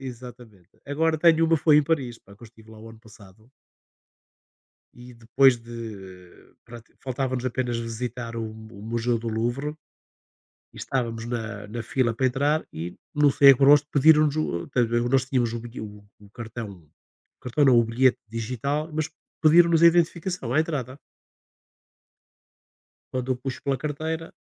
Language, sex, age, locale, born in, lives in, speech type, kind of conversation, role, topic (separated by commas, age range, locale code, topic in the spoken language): Portuguese, male, 60-64, pt-PT, Portugal, Portugal, conversation, unstructured, none, Você já viveu alguma aventura inesperada durante uma viagem?